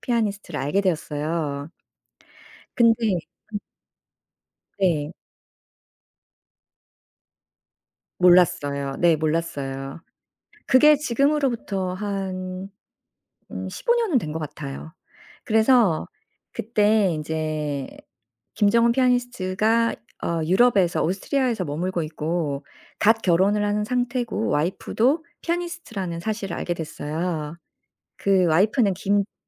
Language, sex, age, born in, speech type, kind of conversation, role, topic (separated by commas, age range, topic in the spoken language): Korean, female, 45-49, South Korea, conversation, podcast, guest, 취미 활동을 하면서 가장 기억에 남는 경험이 있으신가요?